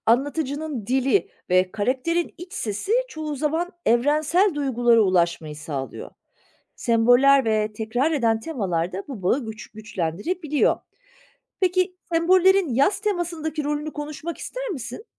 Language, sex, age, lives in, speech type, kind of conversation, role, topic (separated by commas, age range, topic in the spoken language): Turkish, female, 40-44, Germany, read, expert-assistant, expert, İki farklı yazarın benzer hikayelerini okurken hangi soruları sormak daha çok şey öğrenmemi sağlar?